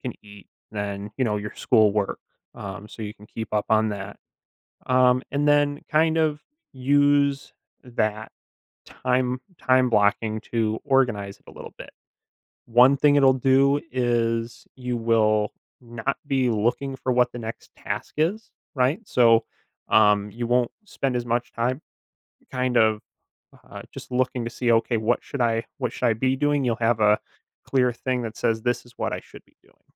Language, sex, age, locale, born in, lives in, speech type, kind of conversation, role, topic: English, male, 25-29, en-US, United States, United States, conversation, advice, advisor, How can I improve my daily routine to be more productive and less stressed?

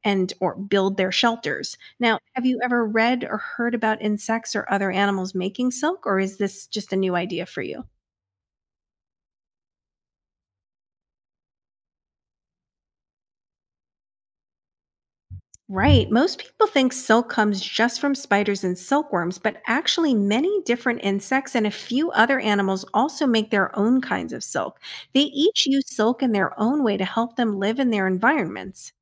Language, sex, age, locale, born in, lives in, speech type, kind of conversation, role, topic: English, female, 50-54, en-US, United States, United States, read, expert-assistant, expert, How does a spider spin its web?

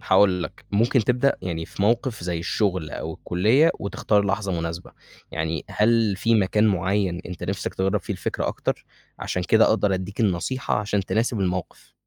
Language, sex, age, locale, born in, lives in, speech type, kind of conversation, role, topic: Arabic, male, 20-24, ar-EG, Egypt, Egypt, read, expert-assistant, expert, إيه الدروس اللي ممكن أتعلمها من طريقة تواصل الكائنات البحرية عشان أحسن علاقاتي مع اللي حواليا؟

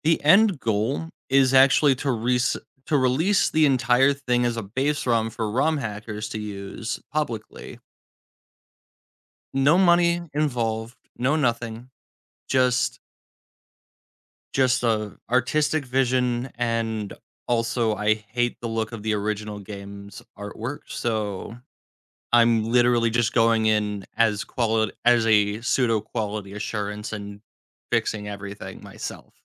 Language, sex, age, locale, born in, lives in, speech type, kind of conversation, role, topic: English, male, 20-24, en-US, United States, United States, conversation, podcast, guest, Why is it important to set small goals when working toward bigger ambitions?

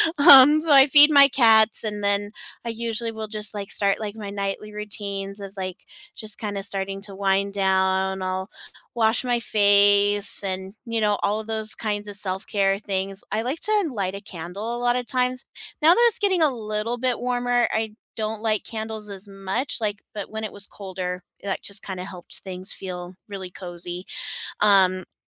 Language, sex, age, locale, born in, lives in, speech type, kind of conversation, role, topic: English, female, 35-39, en-US, United States, United States, conversation, unstructured, none, What little after-work habits help you unwind, and how did they become part of your routine?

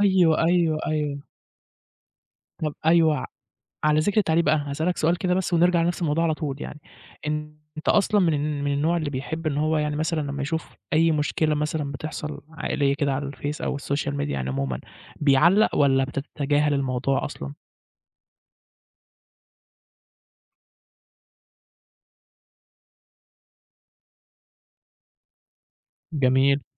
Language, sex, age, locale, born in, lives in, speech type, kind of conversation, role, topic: Arabic, male, 20-24, ar-EG, Egypt, Egypt, conversation, podcast, host, تتصرّف إزاي لو مشكلة عائلية اتنشرت على السوشيال ميديا؟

